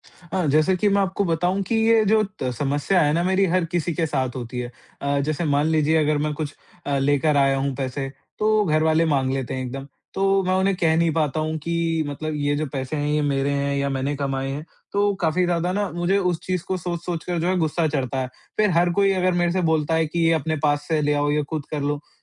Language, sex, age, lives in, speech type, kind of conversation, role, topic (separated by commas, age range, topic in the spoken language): Hindi, male, 45-49, India, conversation, advice, user, पैसों के बारे में बात करते समय मुझे असहजता होती है—मैं क्या करूँ?